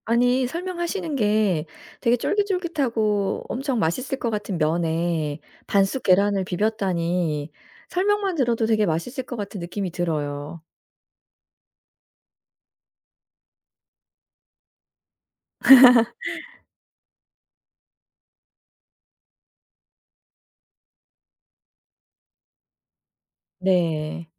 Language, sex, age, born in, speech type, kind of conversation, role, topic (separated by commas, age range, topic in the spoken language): Korean, female, 45-49, South Korea, conversation, podcast, host, 길을 잃다가 뜻밖의 장소를 발견한 적이 있나요?